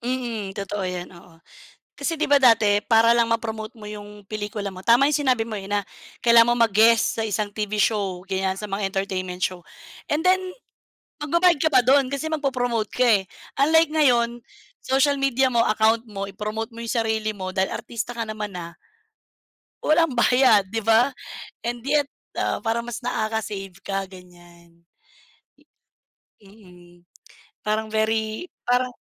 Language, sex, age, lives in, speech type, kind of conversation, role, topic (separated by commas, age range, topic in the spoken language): Filipino, female, 35-39, Philippines, conversation, unstructured, none, Paano nakaaapekto ang midyang panlipunan sa karera ng mga sikat na artista?